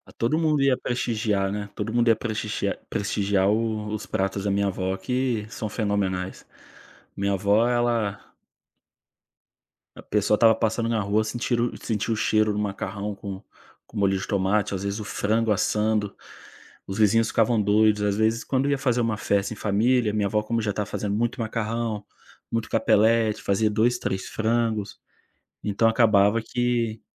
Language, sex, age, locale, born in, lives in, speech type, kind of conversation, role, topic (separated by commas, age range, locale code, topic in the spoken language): Portuguese, male, 25-29, pt-BR, Brazil, Spain, conversation, podcast, guest, Qual é uma tradição culinária da sua família e como ela é celebrada?